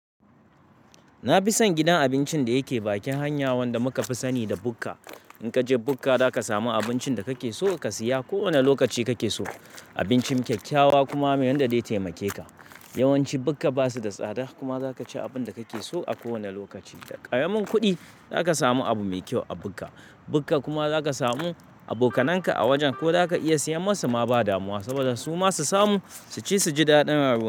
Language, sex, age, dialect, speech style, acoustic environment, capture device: Hausa, male, 35-44, Nigeria - Kano, relaxed, nature, mobile / Linux / Chrome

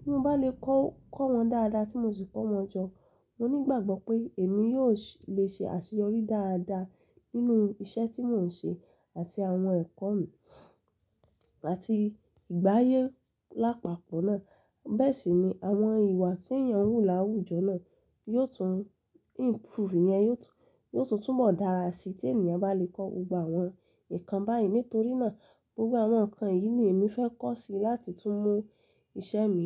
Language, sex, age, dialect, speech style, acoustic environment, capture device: Yoruba, female, 25-34, Nigeria - Lagos Yoruba, happy; relaxed; excited, silence, mobile / Linux / Chrome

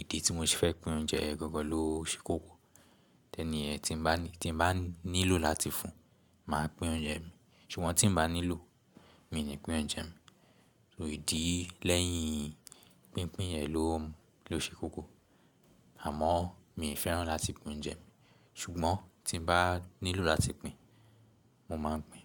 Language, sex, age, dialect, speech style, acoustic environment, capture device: Yoruba, male, 18-24, Nigeria - Lagos Yoruba, relaxed, silence, mobile / Linux / Chrome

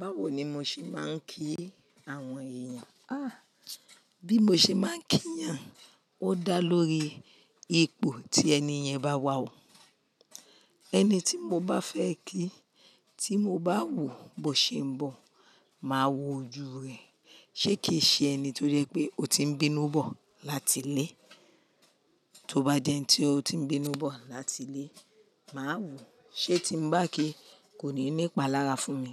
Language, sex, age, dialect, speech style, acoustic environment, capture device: Yoruba, female, 45-54, Nigeria - Nigerian Standard, happy, silence, mobile / Linux / Chrome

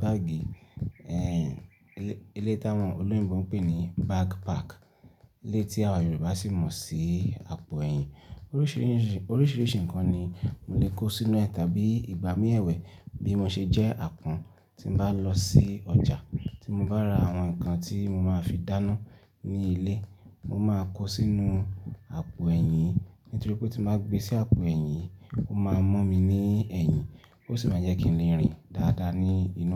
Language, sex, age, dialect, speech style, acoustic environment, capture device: Yoruba, male, 25-34, Nigeria - Ibadan, excited; focused; relaxed; happy, nature; silence, desktop / Linux / Chrome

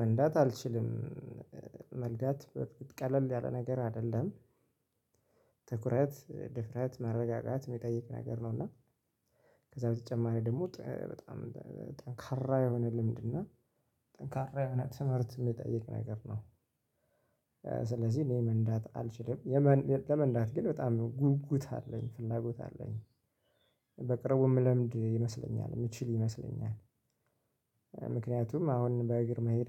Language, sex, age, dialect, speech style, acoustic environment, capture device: Amharic, male, 25-34, Ethiopia - Gojjam, excited, nature, mobile / Linux / Chrome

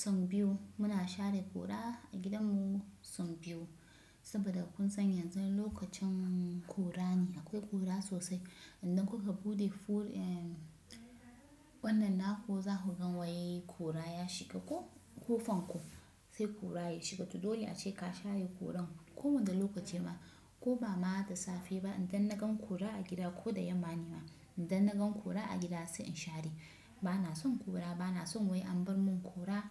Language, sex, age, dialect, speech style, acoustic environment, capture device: Hausa, female, 25-34, Nigeria - Kano, relaxed, silence, desktop / Windows / Chrome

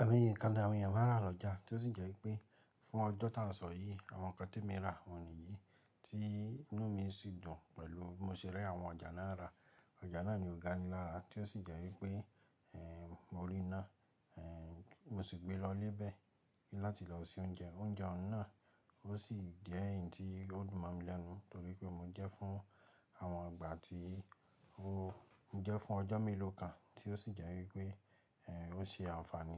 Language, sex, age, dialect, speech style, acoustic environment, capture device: Yoruba, male, 25-34, Nigeria - Ibadan, happy; focused, nature, mobile / Linux / Chrome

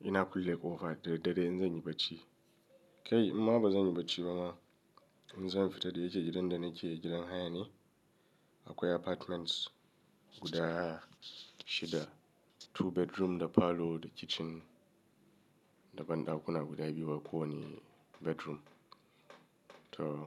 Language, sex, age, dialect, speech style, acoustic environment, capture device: Hausa, male, 35-44, Nigeria - Kano, anxious; nervous; excited; stressed, nature; silence, mobile / Linux / Chrome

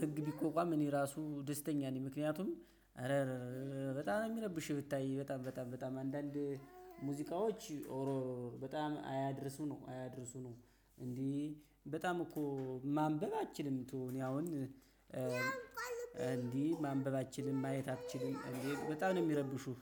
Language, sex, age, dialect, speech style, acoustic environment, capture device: Amharic, male, 25-34, Ethiopia - Gojjam, happy; excited, nature, mobile / Linux / Chrome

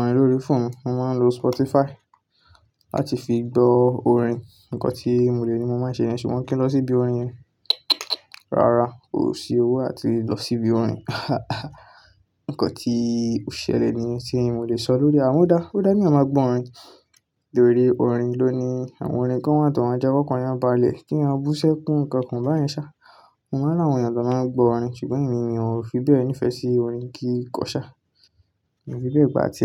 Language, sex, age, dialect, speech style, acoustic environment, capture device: Yoruba, male, 18-24, Nigeria - Ibadan, focused, nature, desktop / Linux / Chrome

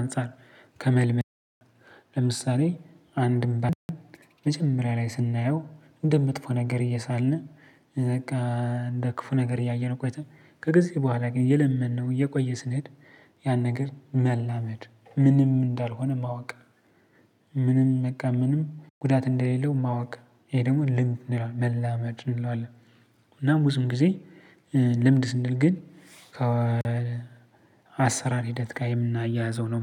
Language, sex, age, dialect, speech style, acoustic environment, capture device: Amharic, male, 25-34, Ethiopia - Gojjam, focused, silence, mobile / Linux / Chrome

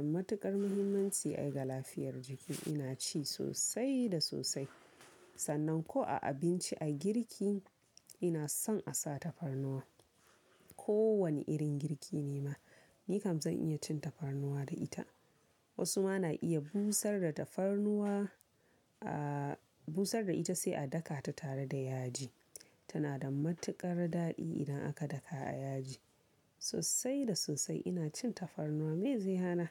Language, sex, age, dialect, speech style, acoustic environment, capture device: Hausa, female, 18-24, Nigeria - Kano, focused, silence; people talking, mobile / Linux / Chrome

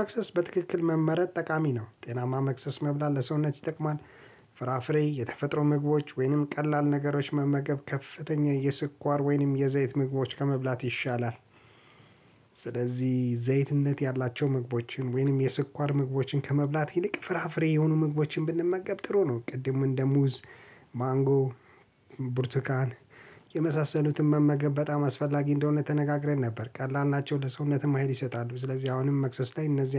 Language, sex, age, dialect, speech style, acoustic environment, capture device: Amharic, male, 35-44, Ethiopia - Addis Ababa, relaxed; focused, silence, mobile / Linux / Chrome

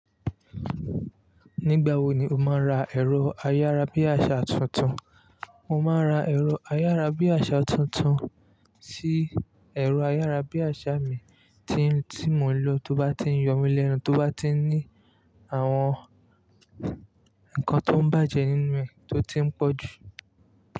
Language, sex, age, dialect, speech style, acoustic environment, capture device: Yoruba, male, 25-34, Nigeria - Lagos Yoruba, relaxed, nature; people talking, mobile / Linux / Chrome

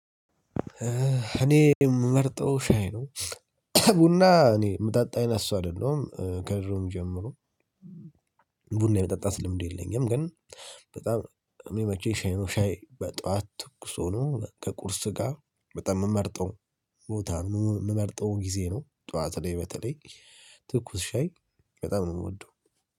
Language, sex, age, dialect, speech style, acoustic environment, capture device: Amharic, male, 18-24, Ethiopia - Addis Ababa, happy, people talking, mobile / Linux / Chrome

